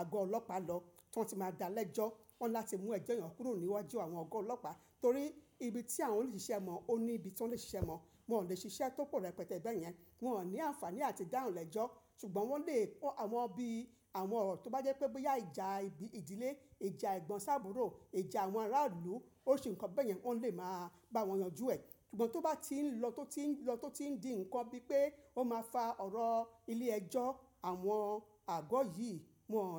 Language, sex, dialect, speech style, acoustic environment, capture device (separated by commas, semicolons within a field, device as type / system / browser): Yoruba, female, Nigeria - Lagos Yoruba, relaxed, nature, mobile / macOS / Safari